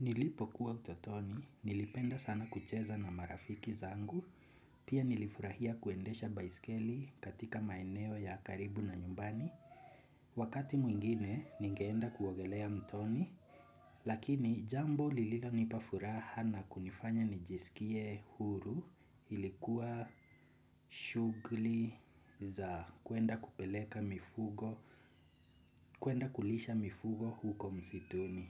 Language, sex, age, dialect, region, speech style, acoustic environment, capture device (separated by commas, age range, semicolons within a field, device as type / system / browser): Swahili, male, 25-34, Kenya - Nairobi Sheng-influenced, Kenya, excited, people talking, desktop / Windows / Chrome